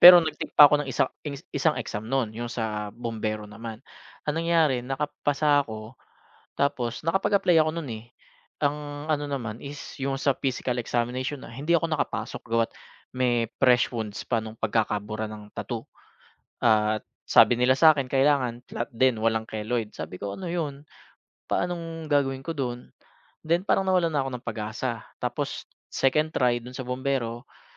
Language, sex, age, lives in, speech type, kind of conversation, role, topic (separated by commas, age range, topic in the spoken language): Filipino, male, 30-34, Philippines, conversation, advice, user, Paano ako makapagsisimula sa bagong layunin kahit natatakot at nag-aalinlangan ako?